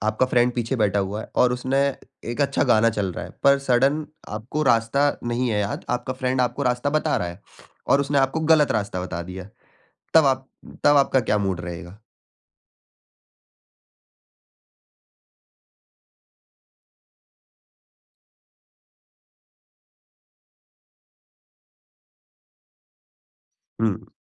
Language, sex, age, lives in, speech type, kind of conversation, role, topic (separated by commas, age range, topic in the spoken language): Hindi, male, 20-24, India, conversation, podcast, host, Roadtrip ke liye playlist curate karne ka tumhara process kya hota hai?